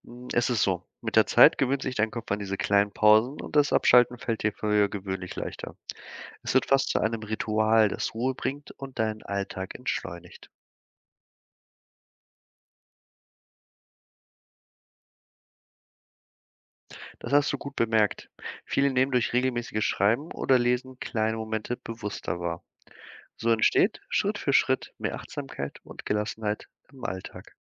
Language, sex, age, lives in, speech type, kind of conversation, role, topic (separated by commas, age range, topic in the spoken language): German, male, 25-29, Germany, read, expert-assistant, expert, Wie kann das Lesen oder Schreiben von Gedichten meinen Alltag bereichern?